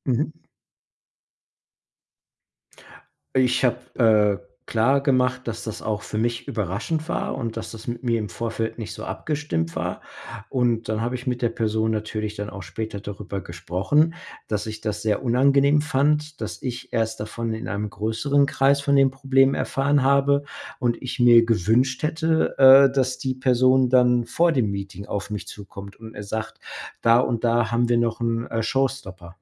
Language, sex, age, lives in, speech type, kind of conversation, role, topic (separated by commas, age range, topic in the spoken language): German, male, 45-49, United States, conversation, podcast, guest, Wie gehst du mit Unehrlichkeit im Alltag um?